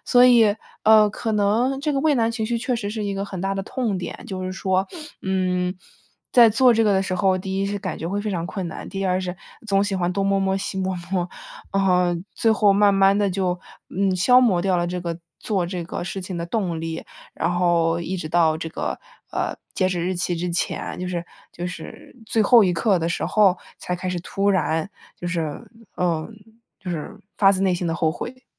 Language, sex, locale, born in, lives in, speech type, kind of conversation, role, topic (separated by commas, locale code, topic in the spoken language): Chinese, female, zh-CN, United States, United States, conversation, advice, user, 我总是拖延，怎样才能保持动力并坚持完成任务？